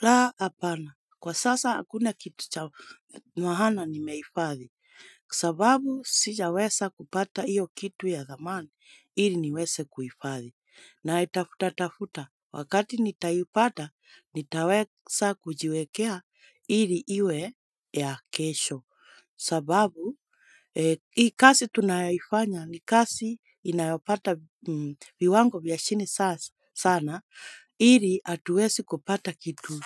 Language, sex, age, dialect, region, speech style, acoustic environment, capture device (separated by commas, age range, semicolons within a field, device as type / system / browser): Swahili, female, 25-34, Kenya - Nairobi Sheng-influenced, Kenya, relaxed; happy, silence, mobile / Linux / Chrome